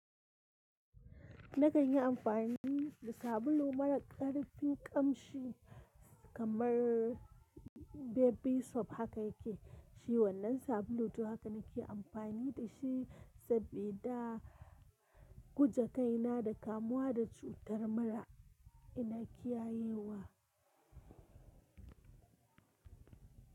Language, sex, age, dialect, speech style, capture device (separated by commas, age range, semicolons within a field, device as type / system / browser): Hausa, female, 25-34, Nigeria - Kano, happy, mobile / Linux / Chrome